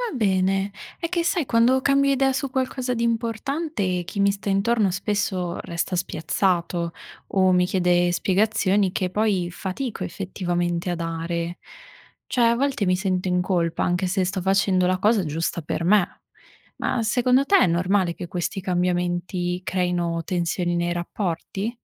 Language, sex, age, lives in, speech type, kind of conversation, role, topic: Italian, female, 25-29, Italy, read, expert-assistant, asker, Come possiamo adattare i nostri obiettivi quando la vita ci sorprende con nuove opportunità o sfide?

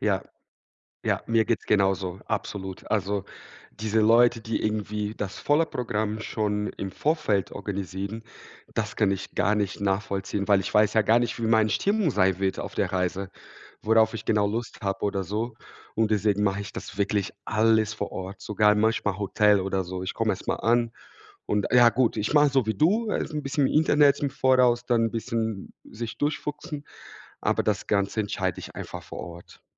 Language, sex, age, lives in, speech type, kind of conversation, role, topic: German, male, 30-34, Germany, conversation, unstructured, none, Worauf freust du dich am meisten, wenn du auf Reisen gehst?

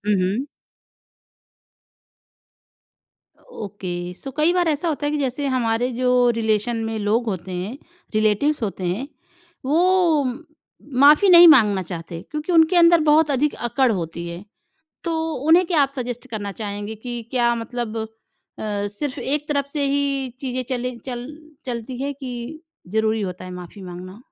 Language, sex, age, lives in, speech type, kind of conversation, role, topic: Hindi, female, 30-34, India, conversation, podcast, host, Maafi maangne aur dene mein balance kaise banate ho?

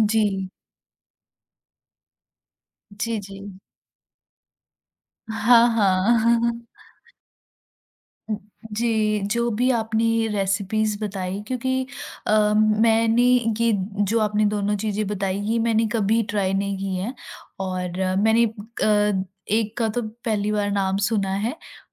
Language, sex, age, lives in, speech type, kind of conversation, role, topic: Hindi, female, 40-44, India, conversation, podcast, host, Tumhara comfort food kya hai, aur kya woh tumhari pehchaan se juda hai—kyun?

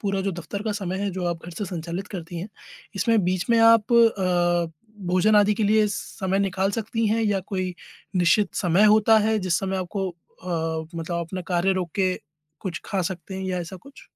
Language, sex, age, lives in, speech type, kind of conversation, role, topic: Hindi, male, 30-34, India, conversation, advice, advisor, क्या अनियमित खाने की वजह से आपकी ऊर्जा कम हो रही है और वजन बढ़ रहा है?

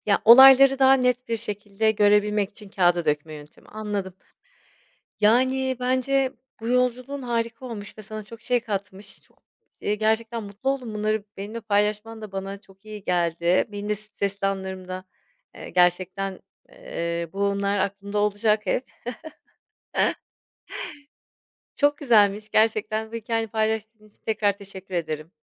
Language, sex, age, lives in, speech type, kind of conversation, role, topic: Turkish, female, 40-44, Spain, conversation, podcast, host, Stresle başa çıkmak için hangi yöntemleri kullanıyorsun?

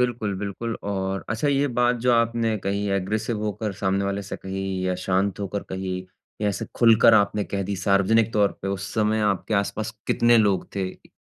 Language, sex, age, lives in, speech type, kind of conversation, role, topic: Hindi, male, 25-29, India, conversation, advice, advisor, मैं दोस्तों के साथ हुए विवाद या मतभेद को कैसे संभालूँ और सुलझाऊँ?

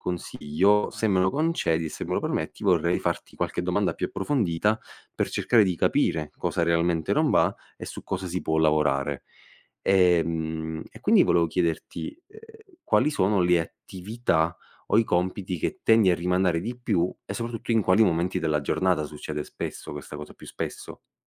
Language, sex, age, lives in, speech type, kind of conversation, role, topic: Italian, male, 25-29, Italy, conversation, advice, advisor, Gestione del tempo e procrastinazione costante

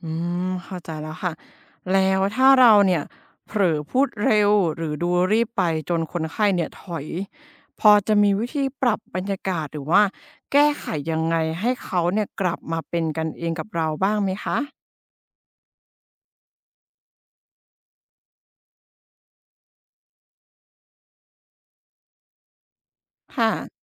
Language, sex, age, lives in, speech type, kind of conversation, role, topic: Thai, female, 25-29, Thailand, read, expert-assistant, asker, มีวิธีไหนบ้างที่ช่วยให้พูดคุยกับผู้ป่วยอย่างเข้าใจและสร้างความไว้วางใจได้ดีขึ้น?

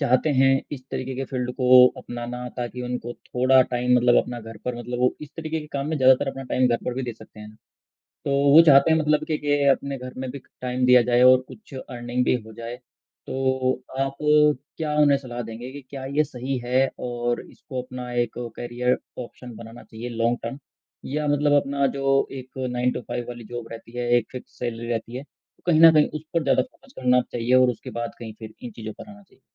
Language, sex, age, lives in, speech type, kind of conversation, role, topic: Hindi, male, 35-39, India, conversation, podcast, host, Side hustle shuru karne ka tumhara anubhav kaisa raha?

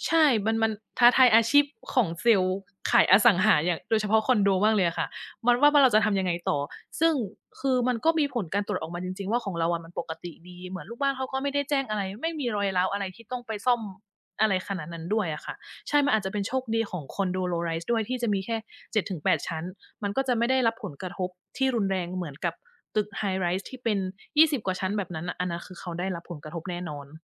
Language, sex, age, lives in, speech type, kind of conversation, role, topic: Thai, female, 40-44, Thailand, conversation, unstructured, none, คุณชอบอะไรมากที่สุดเกี่ยวกับงานที่ทำอยู่ตอนนี้?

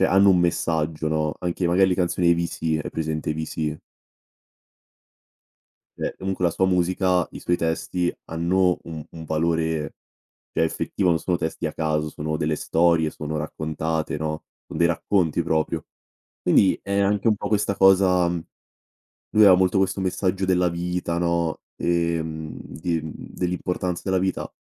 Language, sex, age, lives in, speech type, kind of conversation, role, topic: Italian, male, 18-19, Italy, conversation, podcast, guest, Mi racconti una scoperta musicale fatta per caso, per esempio in un locale?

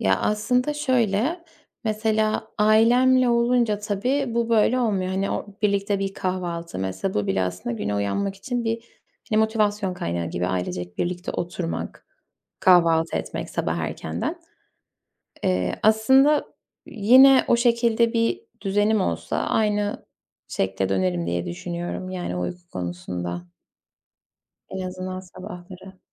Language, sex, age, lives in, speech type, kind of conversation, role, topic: Turkish, female, 20-24, Poland, conversation, advice, user, Aşırı uyuyup sabahları zor uyanmanızın nedenleri neler olabilir?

